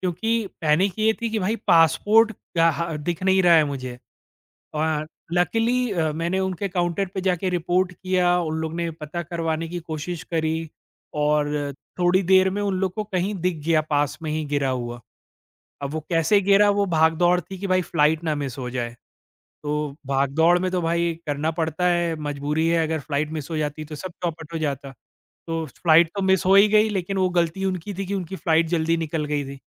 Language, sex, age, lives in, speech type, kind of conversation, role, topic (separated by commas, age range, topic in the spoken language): Hindi, male, 40-44, India, conversation, podcast, guest, Kya aapka kabhi passport ya pehchaan patra gum hua hai, aur us waqt kya hua tha?